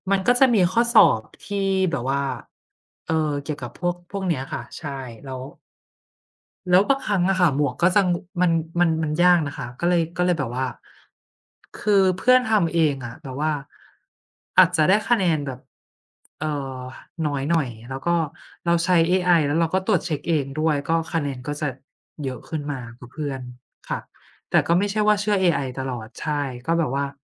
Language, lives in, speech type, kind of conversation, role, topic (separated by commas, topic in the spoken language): Thai, Thailand, conversation, unstructured, none, คุณคิดว่าเทคโนโลยีช่วยให้การเรียนรู้มีประสิทธิภาพมากขึ้นหรือเปล่า?